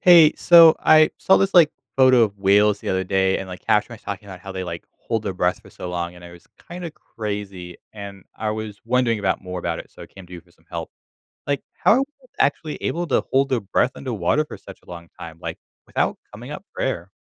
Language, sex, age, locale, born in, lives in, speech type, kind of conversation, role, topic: English, male, 25-29, en-US, United States, United States, read, expert-assistant, asker, How do whales hold their breath so long?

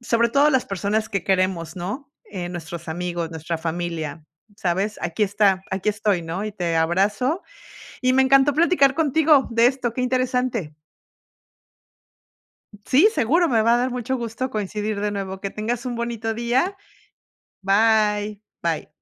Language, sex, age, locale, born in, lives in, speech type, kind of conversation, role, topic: Spanish, female, 50-54, es-MX, Mexico, United States, conversation, unstructured, none, ¿Crees que es importante hablar sobre tus emociones?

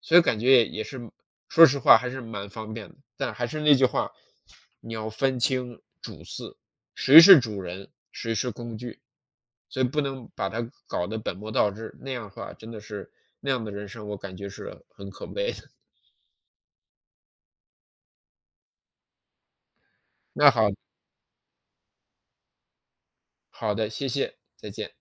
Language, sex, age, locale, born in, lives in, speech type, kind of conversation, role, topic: Chinese, male, 40-44, zh-CN, China, United States, conversation, unstructured, none, 你觉得智能手机让生活变得更好吗？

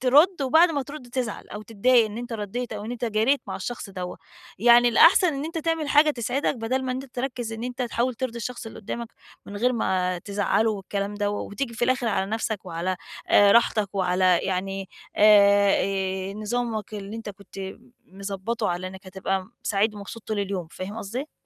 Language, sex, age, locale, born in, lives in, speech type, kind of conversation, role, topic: Arabic, female, 40-44, ar-EG, Egypt, Portugal, conversation, advice, advisor, إزاي أتعامل مع ضغط التوقعات في المناسبات الاجتماعية من غير ما أفقد متعتي؟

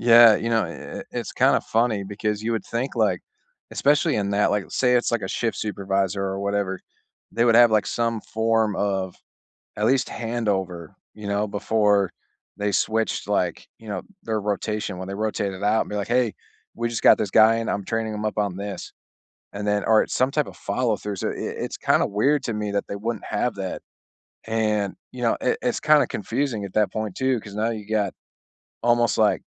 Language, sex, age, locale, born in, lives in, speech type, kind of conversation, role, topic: English, male, 35-39, en-US, United States, United States, conversation, unstructured, none, How do you navigate different communication styles with care and curiosity to strengthen connection?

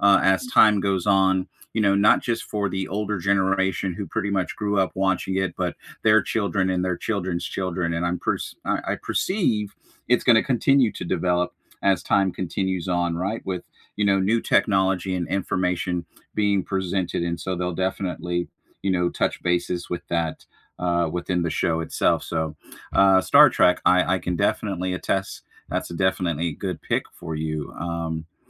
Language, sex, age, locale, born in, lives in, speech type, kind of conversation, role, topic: English, male, 40-44, en-US, United States, United States, conversation, unstructured, none, What comfort TV shows do you rewatch when you need a lift?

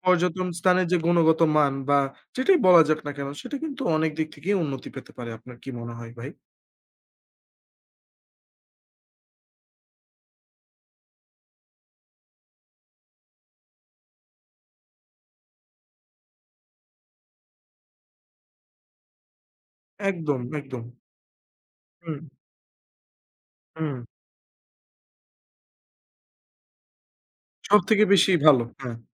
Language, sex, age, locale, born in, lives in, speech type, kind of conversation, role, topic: Bengali, male, 20-24, bn-BD, Bangladesh, Bangladesh, conversation, unstructured, none, পর্যটনকেন্দ্রে অতিরিক্ত ভিড় নিয়ে আপনার মতামত কী?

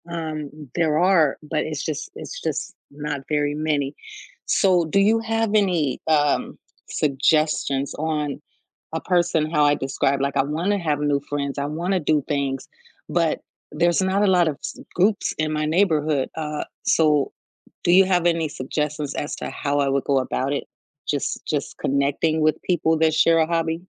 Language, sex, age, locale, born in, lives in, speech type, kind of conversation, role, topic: English, female, 45-49, en-US, United States, United States, conversation, podcast, host, How do shared interests help people form meaningful connections?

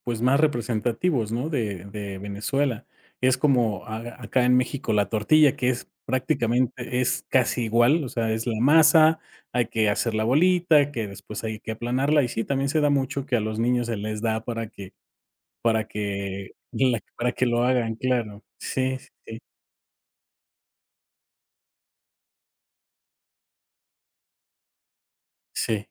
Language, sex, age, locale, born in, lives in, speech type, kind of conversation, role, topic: Spanish, male, 45-49, es-MX, Mexico, Mexico, conversation, podcast, host, ¿Cómo se transmiten las recetas familiares de generación en generación?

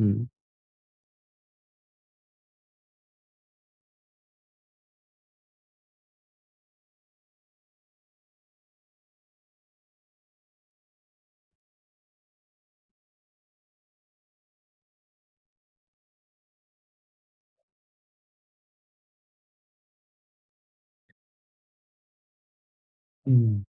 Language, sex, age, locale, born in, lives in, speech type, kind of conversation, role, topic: Bengali, male, 20-24, bn-BD, Bangladesh, Bangladesh, conversation, advice, advisor, আমি কীভাবে নিজের শরীরের সংকেত ও অনুভূতি চিনতে পারি?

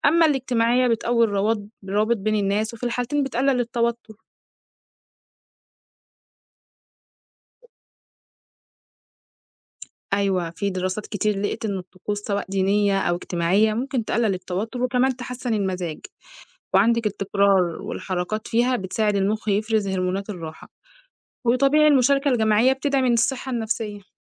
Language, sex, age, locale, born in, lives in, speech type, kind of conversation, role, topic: Arabic, female, 20-24, ar-EG, Egypt, Egypt, read, expert-assistant, expert, إيه سر وجود طقوس روحية مشتركة بين ثقافات وأديان مختلفة زي الصلاة أو الشموع أو المياه المقدسة؟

